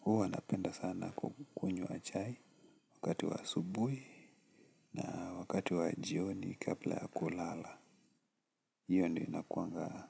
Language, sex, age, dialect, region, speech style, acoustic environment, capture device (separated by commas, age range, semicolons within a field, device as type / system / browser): Swahili, male, 18-24, Kenya - Nairobi Sheng-influenced, Kenya, relaxed, nature, desktop / Linux / Chrome